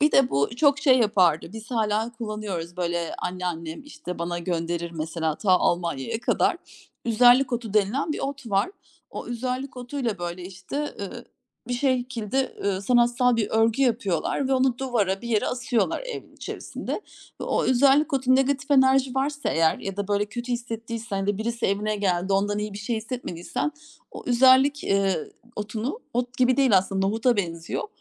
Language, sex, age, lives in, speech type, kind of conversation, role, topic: Turkish, female, 40-44, Germany, conversation, podcast, guest, Köklerinle ilgili öğrendiğin en şaşırtıcı şey neydi?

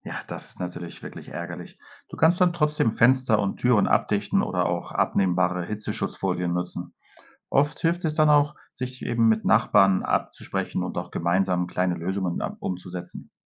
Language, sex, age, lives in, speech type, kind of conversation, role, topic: German, male, 45-49, Spain, read, expert-assistant, expert, Was kann man tun, um Wetterextreme wie Hitzewellen oder Überschwemmungen im Alltag besser zu bewältigen?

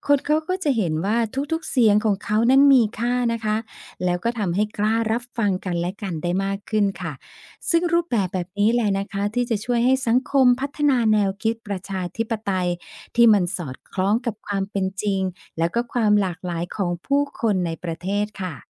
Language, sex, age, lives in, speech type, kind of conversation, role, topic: Thai, female, 50-54, Thailand, read, expert-assistant, expert, ข้อดีและข้อเสียของระบบประชาธิปไตยกับระบบเผด็จการ: ระบบไหนเหมาะกับสังคมไทยมากกว่ากัน?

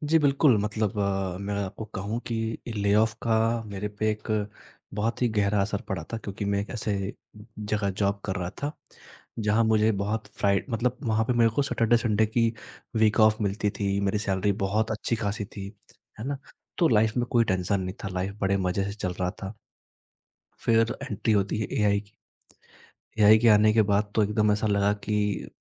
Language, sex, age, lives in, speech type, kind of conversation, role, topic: Hindi, male, 20-24, India, conversation, podcast, guest, Layoff ke baad tumne kaise comeback kiya?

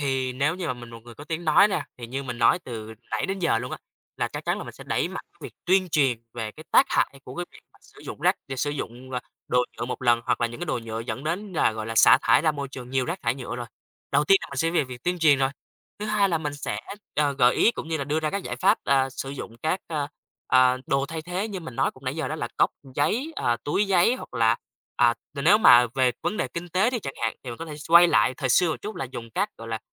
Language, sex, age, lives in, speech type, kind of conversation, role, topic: Vietnamese, male, 20-24, Vietnam, conversation, podcast, guest, Bạn có mẹo nhỏ nào để giảm rác thải nhựa không?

